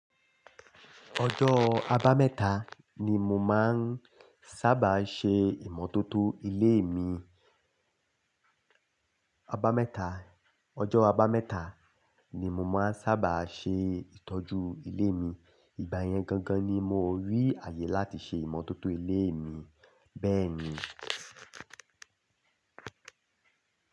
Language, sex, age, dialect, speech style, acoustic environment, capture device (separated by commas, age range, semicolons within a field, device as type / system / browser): Yoruba, male, 25-34, Nigeria - Lagos Yoruba, happy, nature, desktop / Linux / Chrome